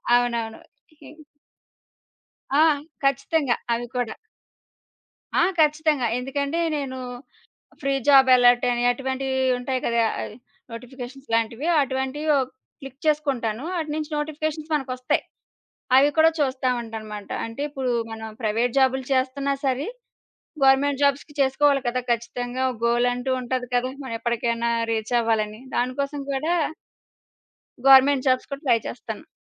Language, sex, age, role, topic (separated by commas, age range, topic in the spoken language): Telugu, female, 30-34, guest, స్మార్ట్‌ఫోన్ మీ జీవనశైలిని ఎలా మార్చింది?